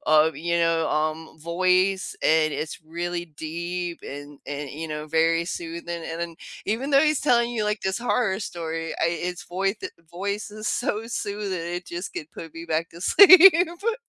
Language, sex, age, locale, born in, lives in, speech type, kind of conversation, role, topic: English, female, 40-44, en-US, United States, United States, conversation, unstructured, none, How do you cope with sleep disruptions that happen for no clear reason?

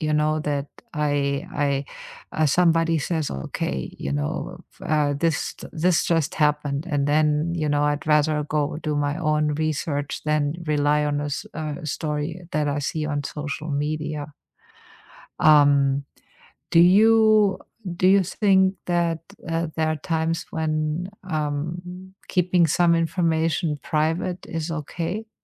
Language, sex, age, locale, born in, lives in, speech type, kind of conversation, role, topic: English, female, 50-54, en-DE, Germany, United States, conversation, unstructured, none, Why is transparency important in government?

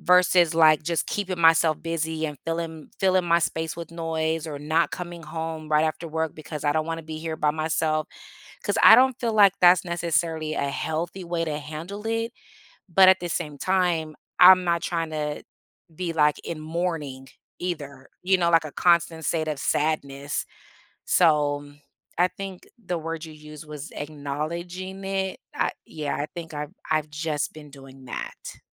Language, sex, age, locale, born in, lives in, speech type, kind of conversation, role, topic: English, female, 40-44, en-US, United States, United States, conversation, advice, user, How can I cope with grief that still feels raw?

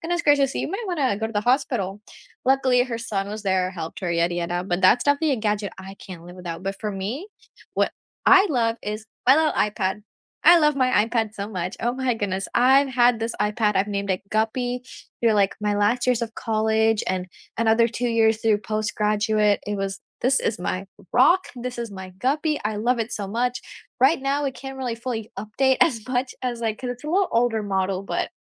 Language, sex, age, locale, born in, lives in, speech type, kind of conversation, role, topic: English, female, 18-19, en-US, India, United States, conversation, unstructured, none, What is a gadget you did not expect to love but now cannot live without?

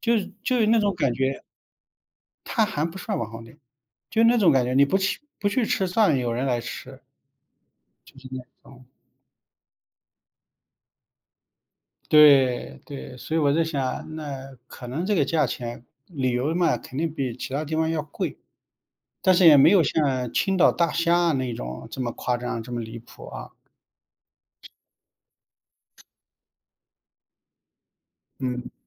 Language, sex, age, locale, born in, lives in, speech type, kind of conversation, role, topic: Chinese, male, 45-49, zh-CN, China, United States, conversation, unstructured, none, 你觉得旅游时被宰客是一个常见问题吗？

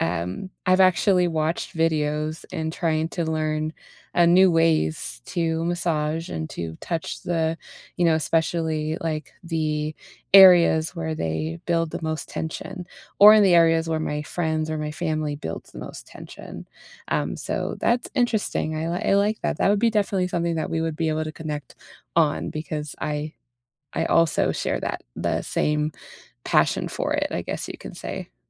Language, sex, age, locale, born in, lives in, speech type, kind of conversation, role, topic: English, female, 30-34, en-US, United States, United States, conversation, unstructured, none, What everyday skills could you teach or trade with each other to learn together and strengthen your connection?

